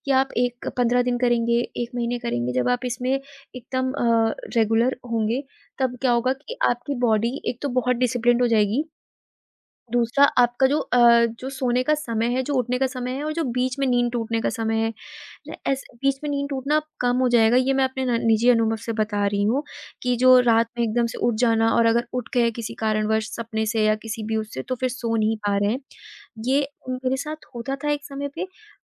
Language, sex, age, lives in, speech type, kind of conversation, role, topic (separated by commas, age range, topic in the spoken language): Hindi, female, 20-24, India, conversation, advice, advisor, सुबह बहुत जल्दी जाग जाने के बाद फिर से नींद न आना कैसा लगता है?